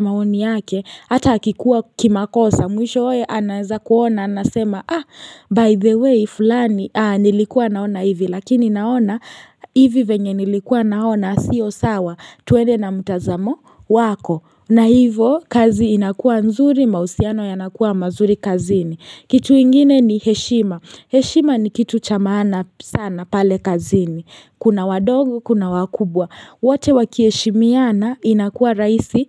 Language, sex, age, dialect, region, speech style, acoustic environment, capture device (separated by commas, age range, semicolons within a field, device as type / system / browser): Swahili, female, 18-24, Kenya - Nairobi Sheng-influenced, Kenya, happy; relaxed; excited; focused, silence, mobile / Linux / Chrome